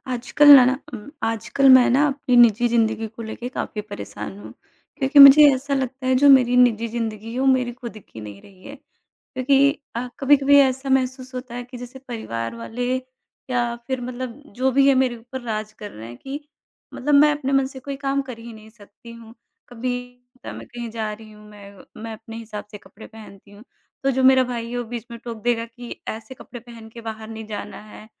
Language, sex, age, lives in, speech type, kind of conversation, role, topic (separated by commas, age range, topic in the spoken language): Hindi, female, 30-34, India, conversation, advice, user, परिवार के सदस्यों से लगातार निजी फैसलों पर ताने सुनने का आप पर क्या असर होता है?